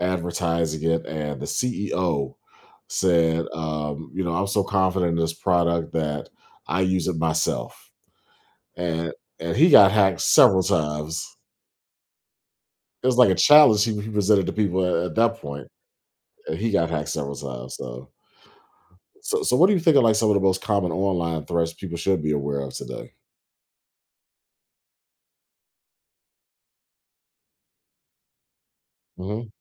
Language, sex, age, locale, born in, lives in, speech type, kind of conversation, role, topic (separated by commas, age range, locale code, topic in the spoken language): English, male, 40-44, en-US, United States, United States, conversation, podcast, host, What practical steps can help you protect your personal information online?